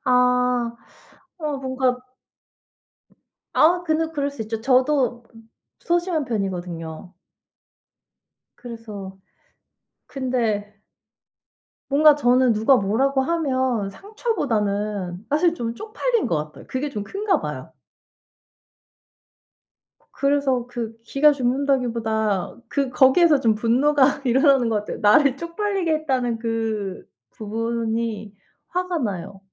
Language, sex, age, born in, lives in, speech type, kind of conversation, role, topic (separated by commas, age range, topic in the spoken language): Korean, female, 35-39, South Korea, South Korea, conversation, unstructured, none, 누군가에게 상처받았을 때 마음을 치유하는 방법에는 어떤 것들이 있을까요?